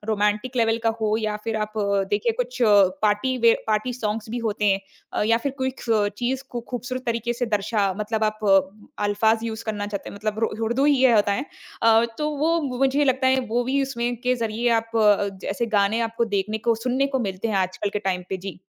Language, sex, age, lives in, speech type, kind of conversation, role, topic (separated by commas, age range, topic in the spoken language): Hindi, female, 25-29, India, conversation, podcast, guest, आपका सबसे पसंदीदा गाना कौन सा है और क्यों?